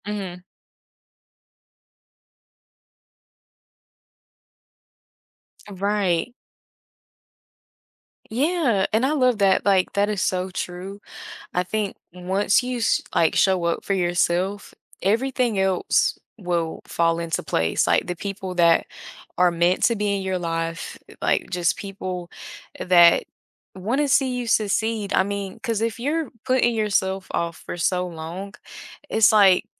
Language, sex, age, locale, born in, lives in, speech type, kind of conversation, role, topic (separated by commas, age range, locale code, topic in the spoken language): English, female, 20-24, en-US, United States, United States, conversation, podcast, guest, What are some practical habits that can help reduce everyday stress?